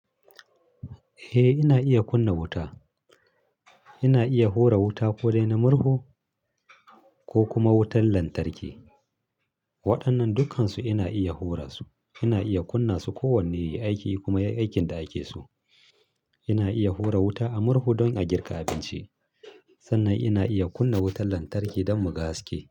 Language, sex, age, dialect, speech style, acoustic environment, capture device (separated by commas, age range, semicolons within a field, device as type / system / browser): Hausa, male, 35-44, Nigeria - Kano, happy, silence, mobile / Linux / Chrome